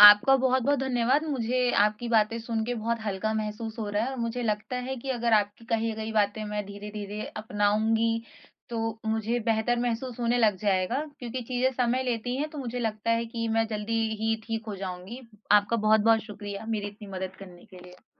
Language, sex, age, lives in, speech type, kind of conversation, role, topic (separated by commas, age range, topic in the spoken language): Hindi, female, 20-24, India, conversation, advice, user, क्या आपको रात में नियमित समय पर सोने में असफलता महसूस होती है?